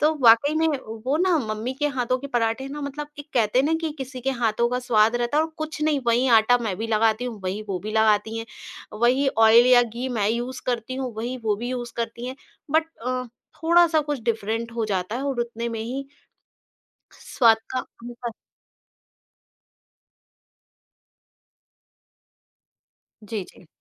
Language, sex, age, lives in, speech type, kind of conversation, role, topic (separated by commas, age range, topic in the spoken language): Hindi, female, 40-44, India, conversation, podcast, guest, Food aur yaadon ka connection tum kaise samjhate ho?